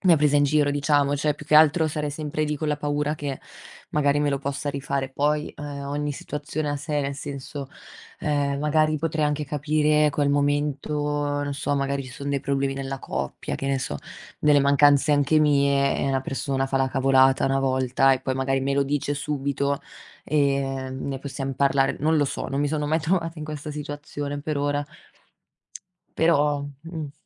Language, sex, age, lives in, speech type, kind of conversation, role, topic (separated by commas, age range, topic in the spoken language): Italian, female, 25-29, Italy, conversation, unstructured, none, In che modo si costruisce la fiducia tra due persone?